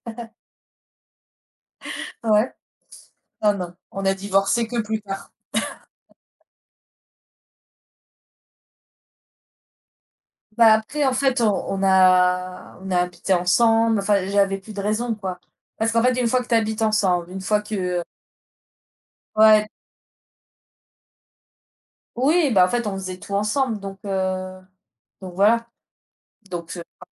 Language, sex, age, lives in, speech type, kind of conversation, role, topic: French, female, 35-39, France, conversation, unstructured, none, Comment sais-tu qu’une relation amoureuse est saine ?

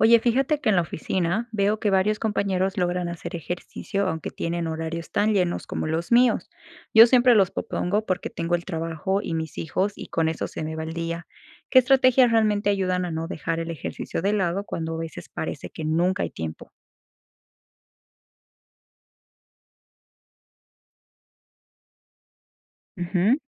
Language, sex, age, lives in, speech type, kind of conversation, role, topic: Spanish, female, 25-29, United States, read, expert-assistant, asker, ¿Qué estrategias ayudan a integrar el ejercicio en una agenda ocupada sin dejarlo de lado?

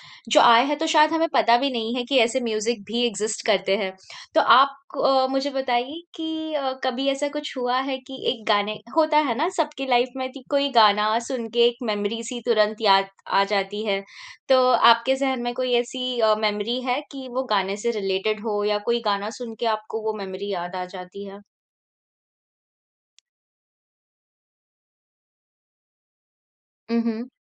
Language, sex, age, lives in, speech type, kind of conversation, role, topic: Hindi, female, 30-34, India, conversation, podcast, host, Tumhara sabse pasandida gaana kaunsa hai, aur kyun?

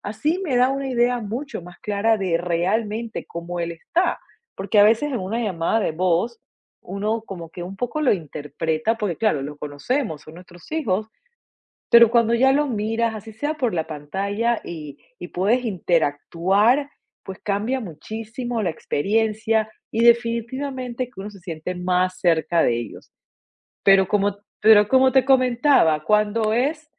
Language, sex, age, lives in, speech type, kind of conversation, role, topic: Spanish, female, 45-49, United States, conversation, podcast, guest, ¿Cómo influye la comunicación en las relaciones familiares?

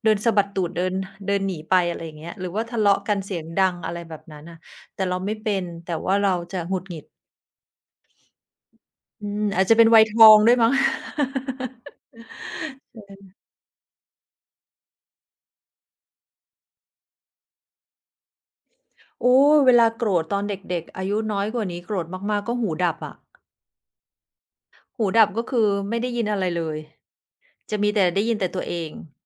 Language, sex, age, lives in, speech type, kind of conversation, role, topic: Thai, female, 45-49, Thailand, conversation, unstructured, none, ความโกรธส่งผลต่อการแก้ปัญหาอย่างไร?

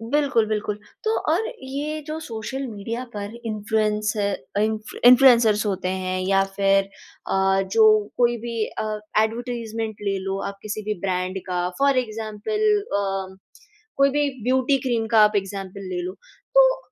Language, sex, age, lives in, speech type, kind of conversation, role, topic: Hindi, female, 20-24, India, conversation, podcast, host, Filters aur editing se kya hamari asli pehchaan kho jaati hai?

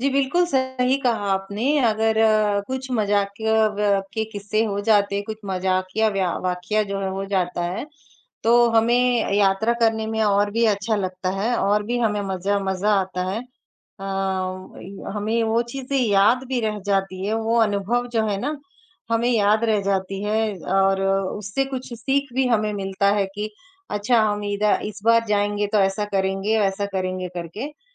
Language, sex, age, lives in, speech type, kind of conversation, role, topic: Hindi, male, 18-19, India, conversation, unstructured, none, यात्रा के दौरान आपका सबसे मजेदार अनुभव क्या था?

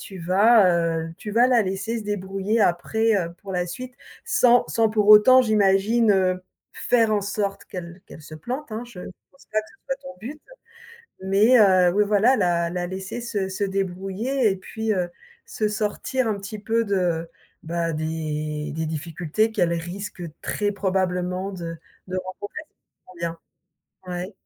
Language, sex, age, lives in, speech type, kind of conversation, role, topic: French, female, 55-59, France, conversation, advice, advisor, Comment puis-je faire reconnaître ma contribution à un projet dont un collègue s’est attribué le mérite ?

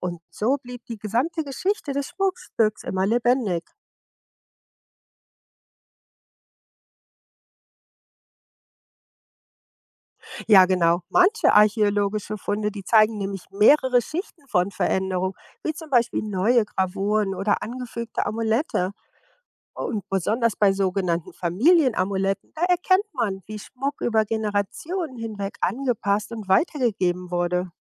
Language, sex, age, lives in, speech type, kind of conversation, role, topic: German, female, 55-59, United States, read, expert-assistant, expert, Warum haben Menschen schon vor Tausenden von Jahren Schmuck getragen?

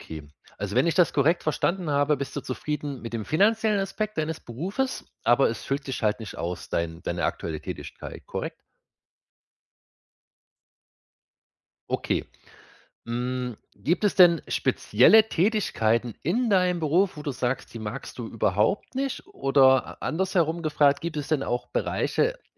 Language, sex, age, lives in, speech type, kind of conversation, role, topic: German, male, 30-34, Germany, conversation, advice, advisor, Warum fühlt sich mein Berufsleben trotz finanziellem Erfolg sinnlos an?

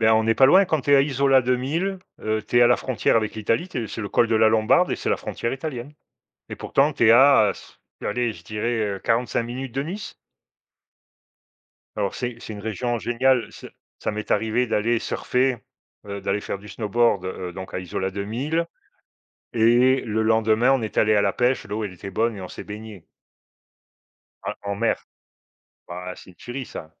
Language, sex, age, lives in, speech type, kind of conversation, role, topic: French, male, 50-54, Portugal, conversation, unstructured, none, Comment le sport a-t-il changé ta vie ?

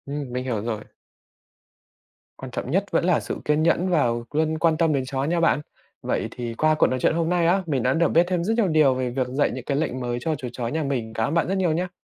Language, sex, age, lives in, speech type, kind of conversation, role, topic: Vietnamese, male, 20-24, Vietnam, read, expert-assistant, asker, Tại sao một số con chó học lệnh rất nhanh còn một số khác thì không? Điều gì ảnh hưởng tới quá trình này?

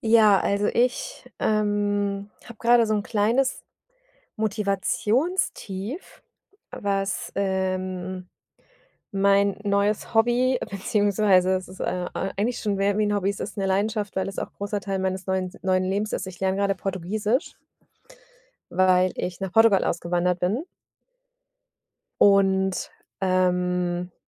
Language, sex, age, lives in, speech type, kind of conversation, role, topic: German, female, 40-44, Germany, conversation, advice, user, Wie bleibe ich motiviert, meinen Hobbys regelmäßig nachzugehen?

